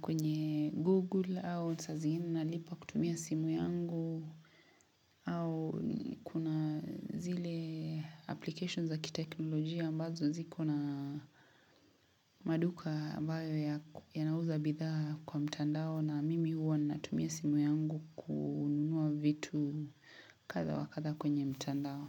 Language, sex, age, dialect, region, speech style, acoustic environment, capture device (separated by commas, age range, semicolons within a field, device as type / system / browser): Swahili, female, 25-34, Kenya - Mombasa, Kenya, relaxed; happy; excited; focused, silence, mobile / Linux / Chrome